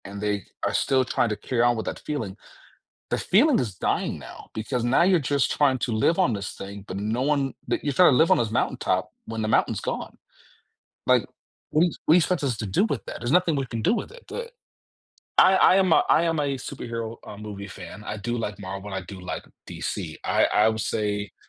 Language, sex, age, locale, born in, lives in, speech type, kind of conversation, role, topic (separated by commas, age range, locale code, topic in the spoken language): English, male, 35-39, en-US, Germany, United States, conversation, podcast, guest, Why do some movies leave a lasting impression on us?